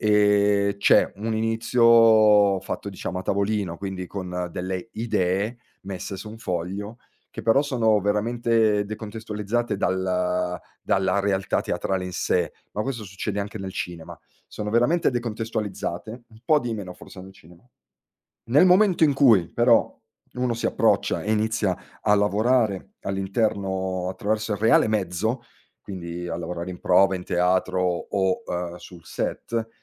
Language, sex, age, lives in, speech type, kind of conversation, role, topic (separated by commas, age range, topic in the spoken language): Italian, male, 40-44, Italy, conversation, podcast, guest, Qual è il ruolo dell’errore nei tuoi esperimenti creativi?